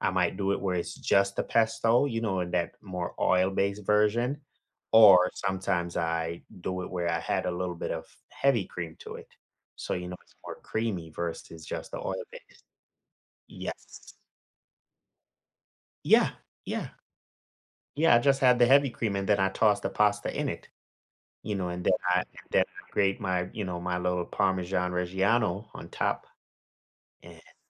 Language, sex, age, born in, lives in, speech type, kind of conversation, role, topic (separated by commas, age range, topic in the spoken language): English, male, 35-39, Jamaica, United States, conversation, unstructured, none, How do different cultures influence the food we eat?